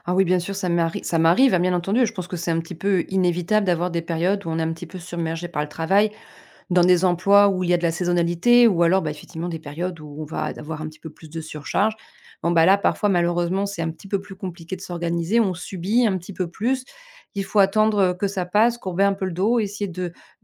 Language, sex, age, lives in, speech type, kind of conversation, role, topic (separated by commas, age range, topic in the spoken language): French, female, 40-44, France, conversation, podcast, guest, Comment trouves-tu l’équilibre entre le travail et ta vie personnelle ?